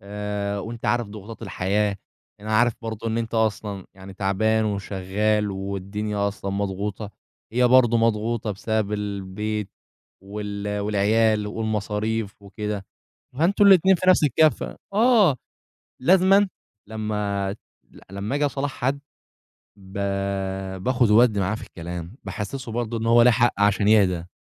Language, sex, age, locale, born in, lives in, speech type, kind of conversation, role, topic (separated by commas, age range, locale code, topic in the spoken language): Arabic, male, 20-24, ar-EG, Egypt, Egypt, conversation, podcast, guest, إزاي بتتعاملوا مع تدخل الأقارب في شؤونكم؟